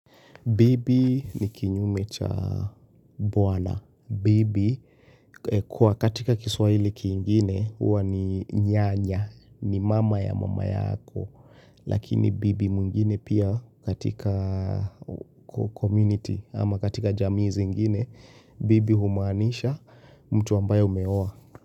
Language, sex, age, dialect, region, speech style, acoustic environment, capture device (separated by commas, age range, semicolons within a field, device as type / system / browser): Swahili, male, 25-34, Kenya - Nairobi Sheng-influenced, Kenya, tired; focused; excited; nervous; relaxed, silence; appliances, mobile / Linux / Chrome